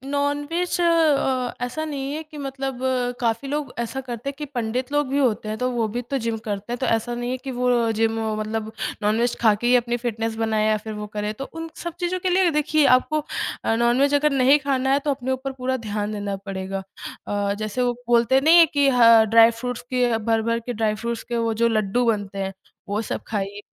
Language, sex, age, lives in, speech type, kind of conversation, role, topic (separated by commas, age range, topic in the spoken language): Hindi, female, 20-24, India, conversation, podcast, guest, Kisi ki dietary preference kaise manage karte ho?